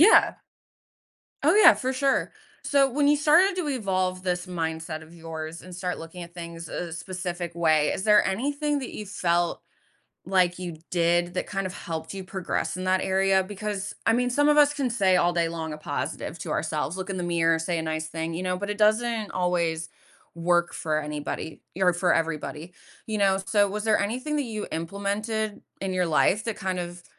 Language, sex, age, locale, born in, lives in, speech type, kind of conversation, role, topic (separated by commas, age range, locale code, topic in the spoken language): English, female, 30-34, en-US, United States, United States, conversation, podcast, host, How can setbacks help us grow and achieve our goals?